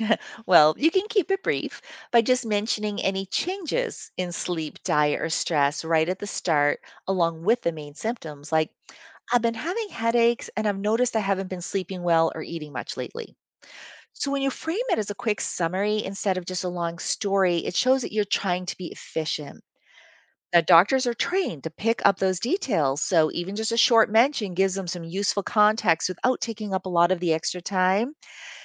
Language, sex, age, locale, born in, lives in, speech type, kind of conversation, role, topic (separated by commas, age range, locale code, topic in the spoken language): English, female, 55-59, en-US, United States, United States, read, expert-assistant, expert, How do I advocate for myself during medical appointments to ensure my concerns are heard?